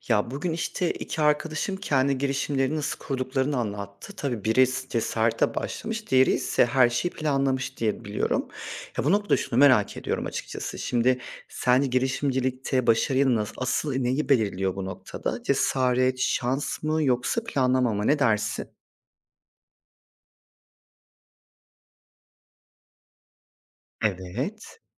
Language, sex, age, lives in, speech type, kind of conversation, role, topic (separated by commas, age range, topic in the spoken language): Turkish, male, 30-34, Poland, read, expert-assistant, asker, Girişimcilikte başarının sırrı konusunda farklı görüşler var: Cesaret mi, şans mı, yoksa planlama mı daha belirleyici? Bu konuda neden bu kadar çok çelişkili bilgi var?